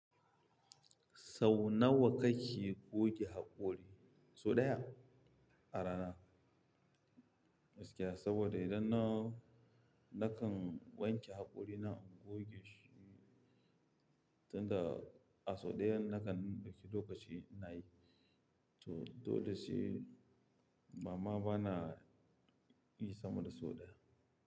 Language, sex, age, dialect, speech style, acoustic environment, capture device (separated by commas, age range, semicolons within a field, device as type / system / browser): Hausa, male, 25-34, Nigeria - Kano, happy, people talking; music; traffic, mobile / Linux / Chrome